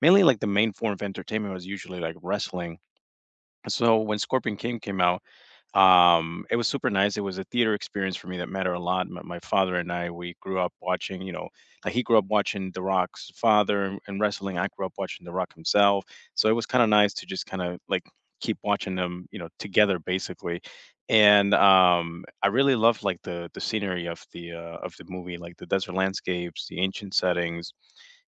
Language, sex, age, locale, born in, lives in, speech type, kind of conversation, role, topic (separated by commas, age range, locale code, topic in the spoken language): English, male, 25-29, en-US, United States, United States, conversation, unstructured, none, What was the first movie you saw in a theater that completely blew your mind?